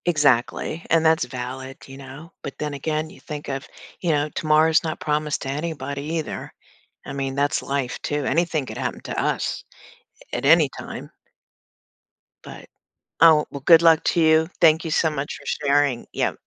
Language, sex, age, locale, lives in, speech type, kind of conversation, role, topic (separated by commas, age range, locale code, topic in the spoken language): English, female, 60-64, en-US, United States, conversation, advice, advisor, How can I stop repeatedly disappointing my partner and rebuild trust?